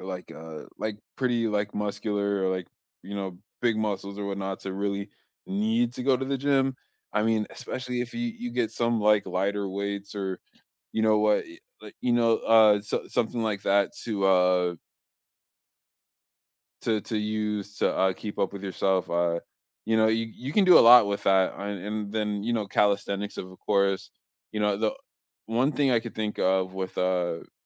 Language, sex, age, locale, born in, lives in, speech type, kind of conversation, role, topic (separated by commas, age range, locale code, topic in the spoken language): English, male, 25-29, en-US, United States, United States, conversation, unstructured, none, What motivates you to stay active regularly?